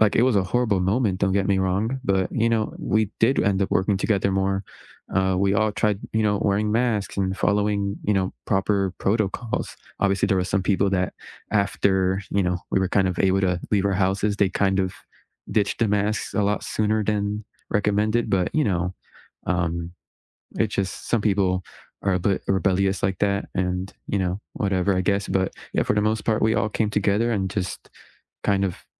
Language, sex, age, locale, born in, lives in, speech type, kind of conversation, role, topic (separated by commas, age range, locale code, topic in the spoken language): English, male, 20-24, en-US, United States, United States, conversation, unstructured, none, What is one way politics can bring people closer?